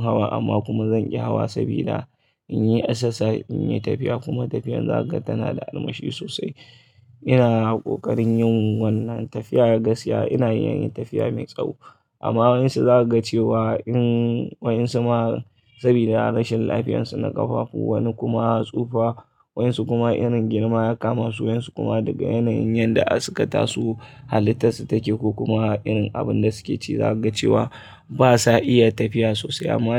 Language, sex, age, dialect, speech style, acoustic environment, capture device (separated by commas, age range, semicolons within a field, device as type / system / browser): Hausa, male, 25-34, Nigeria - Kano, relaxed, people talking; traffic; appliances, mobile / Linux / Chrome